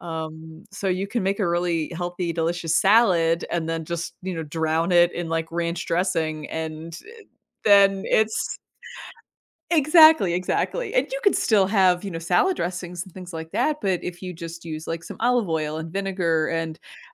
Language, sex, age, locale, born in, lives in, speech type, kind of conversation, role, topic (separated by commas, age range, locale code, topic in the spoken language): English, female, 40-44, en-US, United States, United States, conversation, podcast, guest, What are some practical steps anyone can take to start eating healthier every day?